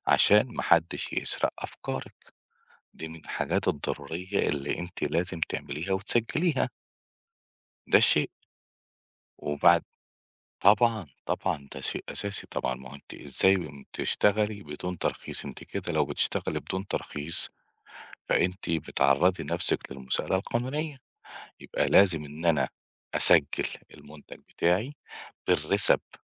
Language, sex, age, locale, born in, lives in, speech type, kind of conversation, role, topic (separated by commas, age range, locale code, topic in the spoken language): Arabic, male, 45-49, ar-EG, Egypt, Portugal, conversation, advice, advisor, إزاي بتوصّف إحساسك بالإرهاق بعد مشروع كبير، وإزاي ده بيأثر على قدرتك تبتكر أفكار جديدة؟